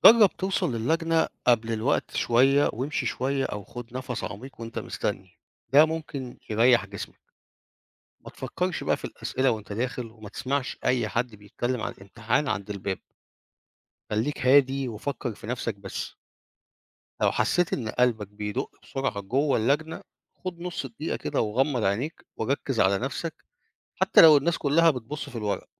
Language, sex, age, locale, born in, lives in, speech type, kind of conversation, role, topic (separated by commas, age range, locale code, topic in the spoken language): Arabic, male, 50-54, ar-EG, Egypt, Portugal, read, expert-assistant, expert, ما هي أفضل الأساليب للتغلب على التوتر قبل الامتحانات؟